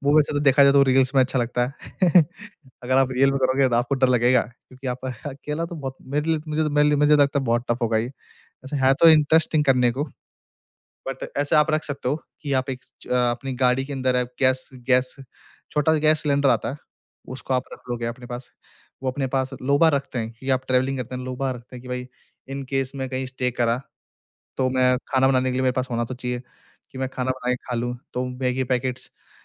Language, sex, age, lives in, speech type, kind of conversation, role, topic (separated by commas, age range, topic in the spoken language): Hindi, male, 20-24, India, conversation, podcast, guest, Solo travel shuru karna ho to sabse pehla step aap kya recommend karenge?